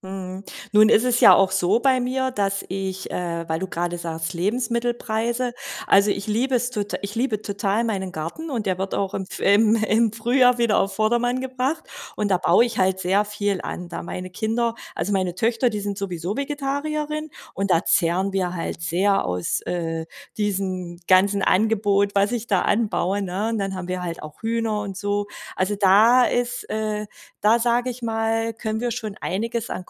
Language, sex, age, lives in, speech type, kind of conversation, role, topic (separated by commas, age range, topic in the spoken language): German, female, 40-44, Germany, conversation, advice, user, Wie schaffe ich es, meine monatlichen Ausgaben und Rückzahlungen besser zu kontrollieren?